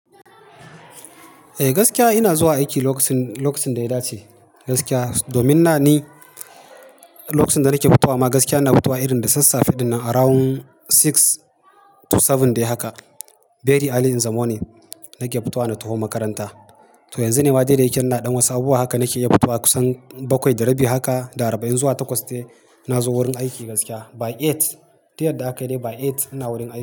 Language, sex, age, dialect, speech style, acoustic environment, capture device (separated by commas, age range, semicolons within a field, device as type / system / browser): Hausa, male, 35-44, Nigeria - Kano, happy; excited; focused, silence, mobile / Linux / Chrome